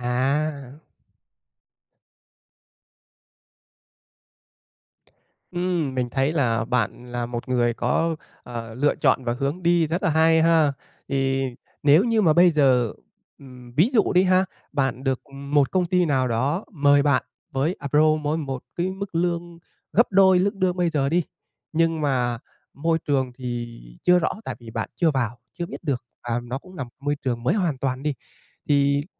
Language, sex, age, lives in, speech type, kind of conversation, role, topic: Vietnamese, male, 25-29, Vietnam, conversation, podcast, host, Bạn ưu tiên mức lương hay môi trường làm việc hơn?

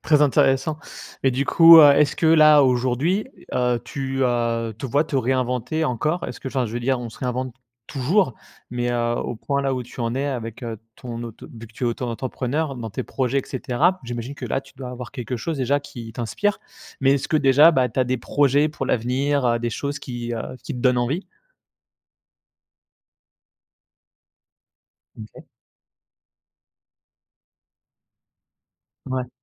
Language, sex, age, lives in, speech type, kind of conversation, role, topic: French, male, 30-34, France, conversation, podcast, host, Quel est ton meilleur conseil pour commencer à te réinventer ?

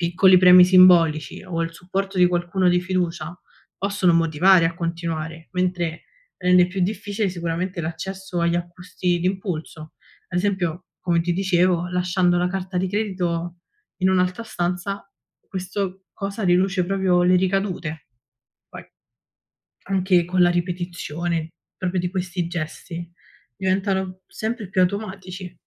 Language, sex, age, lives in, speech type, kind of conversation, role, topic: Italian, female, 20-24, Italy, read, expert-assistant, expert, Come possiamo riconoscere e gestire gli acquisti impulsivi?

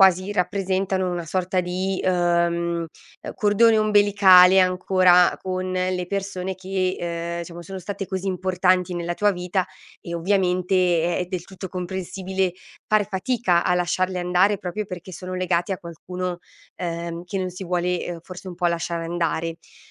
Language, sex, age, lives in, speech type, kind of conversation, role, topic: Italian, female, 30-34, Italy, conversation, advice, advisor, Difficoltà a liberarmi di oggetti con valore sentimentale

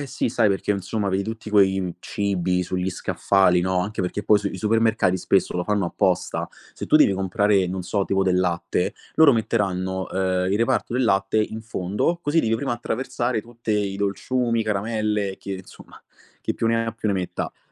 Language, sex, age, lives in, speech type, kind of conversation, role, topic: Italian, male, 25-29, Italy, conversation, podcast, guest, Come organizzi la spesa per mangiare bene senza sprecare?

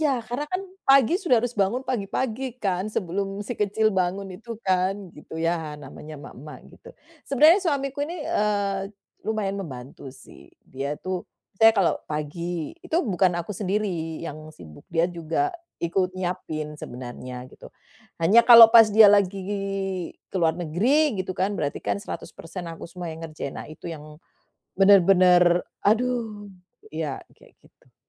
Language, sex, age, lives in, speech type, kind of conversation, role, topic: Indonesian, female, 45-49, Netherlands, conversation, advice, user, Bagaimana saya bisa mulai meluangkan waktu untuk diri sendiri di tengah tuntutan pekerjaan dan keluarga?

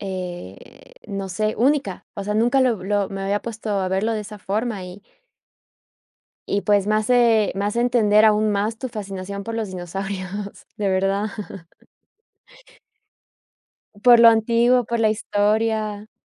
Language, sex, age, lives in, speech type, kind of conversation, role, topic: Spanish, female, 30-34, United States, conversation, podcast, host, ¿Qué pasatiempo de tu infancia te gustaría retomar y por qué?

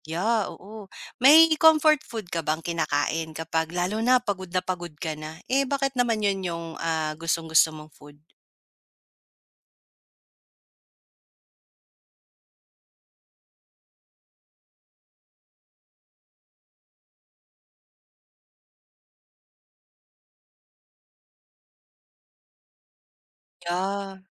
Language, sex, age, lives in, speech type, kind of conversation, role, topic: Filipino, female, 35-39, Philippines, conversation, podcast, host, Paano nakatutulong ang pagkain sa iyong lakas at pahinga?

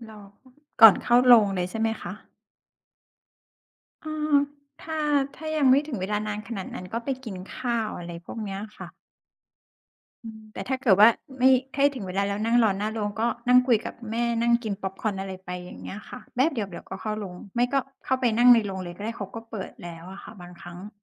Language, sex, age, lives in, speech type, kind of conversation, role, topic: Thai, female, 40-44, Thailand, conversation, unstructured, none, ทำไมคนบางคนถึงโกรธเมื่อมีคนวิจารณ์งานอดิเรกของเขา?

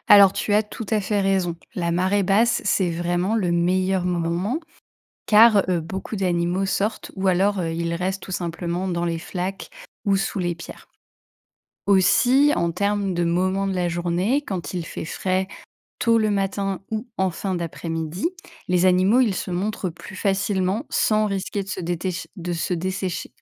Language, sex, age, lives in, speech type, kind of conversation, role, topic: French, female, 35-39, France, read, expert-assistant, expert, Comment explorer et reconnaître facilement les animaux marins en famille ?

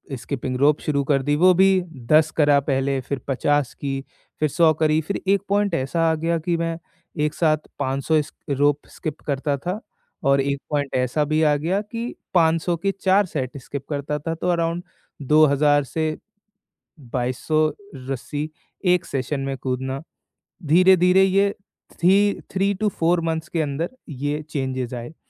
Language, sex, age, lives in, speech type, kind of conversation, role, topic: Hindi, male, 20-24, India, conversation, podcast, guest, Short-term pain, long-term gain ka koi personal example kya hai?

